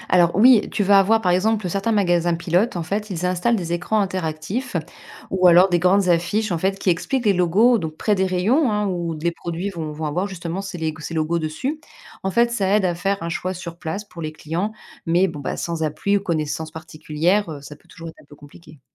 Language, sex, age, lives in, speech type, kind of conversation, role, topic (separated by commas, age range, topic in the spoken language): French, female, 40-44, France, read, expert-assistant, expert, Quelles sont les sources d’information les plus crédibles pour vérifier si un aliment est sûr à consommer, surtout face aux rumeurs sur les réseaux sociaux ?